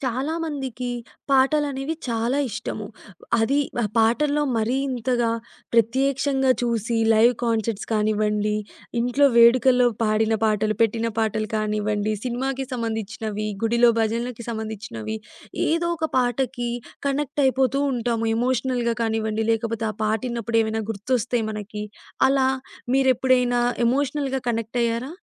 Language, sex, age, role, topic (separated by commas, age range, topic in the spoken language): Telugu, female, 20-24, host, ప్రత్యక్షంగా విన్న ఏ పాట నిన్ను ఏడిపించింది?